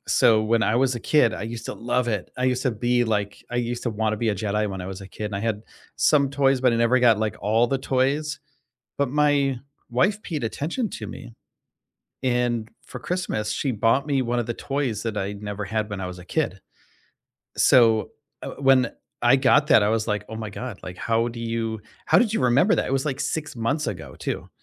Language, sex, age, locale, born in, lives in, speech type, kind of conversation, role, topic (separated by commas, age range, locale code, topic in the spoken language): English, male, 45-49, en-US, United States, United States, conversation, unstructured, none, How can you put your love languages into action in everyday life?